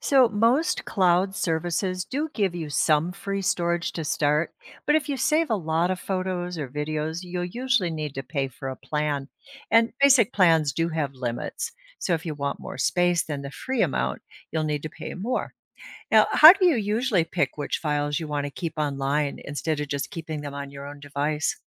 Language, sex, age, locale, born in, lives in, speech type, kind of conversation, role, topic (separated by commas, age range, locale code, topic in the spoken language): English, female, 65-69, en-US, United States, United States, read, expert-assistant, expert, What is cloud computing and how does it store your files online?